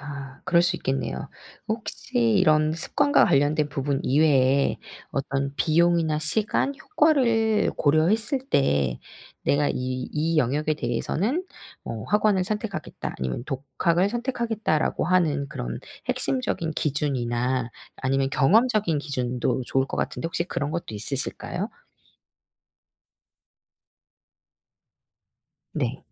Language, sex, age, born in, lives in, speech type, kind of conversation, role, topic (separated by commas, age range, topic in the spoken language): Korean, female, 40-44, South Korea, South Korea, conversation, podcast, host, 학원과 독학 중 어떤 상황에서 무엇을 선택하는 것이 좋을까요?